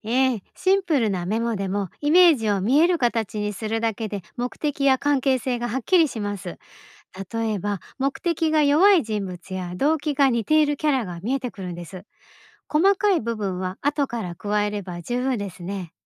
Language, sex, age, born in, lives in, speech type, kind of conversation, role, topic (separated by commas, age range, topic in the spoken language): Japanese, female, 50-54, Japan, Japan, read, expert-assistant, expert, 最近、物語を書いても途中でアイデアが止まってしまいます。どんなことが原因として考えられますか？